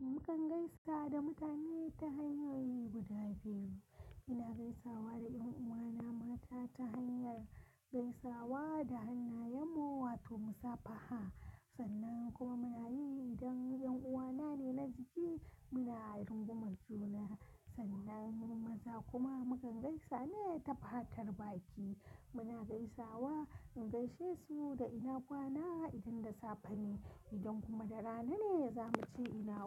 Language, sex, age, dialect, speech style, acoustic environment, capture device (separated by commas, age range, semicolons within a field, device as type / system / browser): Hausa, female, 25-34, Nigeria - Kano, relaxed, nature, mobile / Linux / Chrome